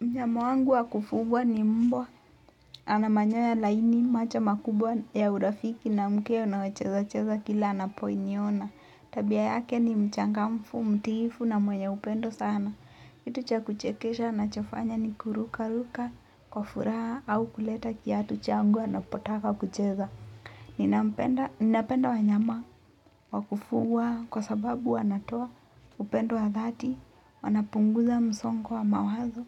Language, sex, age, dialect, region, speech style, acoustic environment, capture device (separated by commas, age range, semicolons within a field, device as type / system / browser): Swahili, female, 18-24, Kenya - Mombasa, Kenya, focused, nature, mobile / Linux / Chrome